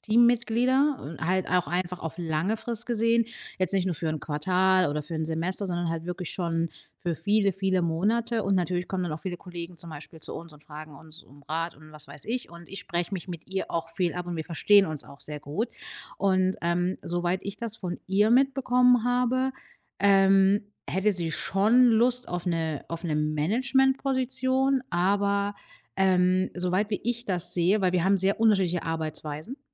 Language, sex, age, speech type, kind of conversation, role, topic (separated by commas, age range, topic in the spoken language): German, female, 35-39, conversation, advice, user, Wie kann ich meinen Vorgesetzten davon überzeugen, eine Weiterbildung für mich zu genehmigen?